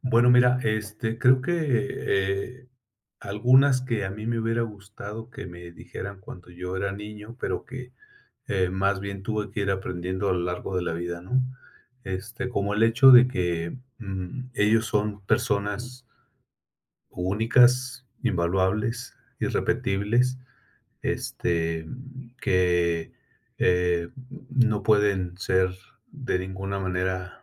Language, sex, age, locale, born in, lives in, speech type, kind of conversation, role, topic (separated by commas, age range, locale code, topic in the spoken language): Spanish, male, 55-59, es-MX, Mexico, Mexico, conversation, podcast, guest, ¿Qué expresiones familiares te gustaría transmitirles a tus hijos?